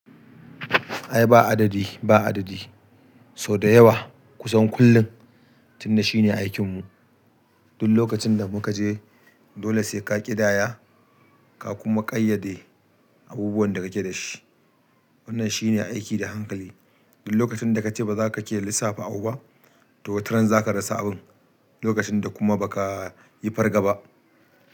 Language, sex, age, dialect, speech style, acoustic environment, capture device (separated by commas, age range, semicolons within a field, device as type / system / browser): Hausa, male, 35-44, Nigeria - Kano, happy, people talking; music; nature, desktop / Linux / Chrome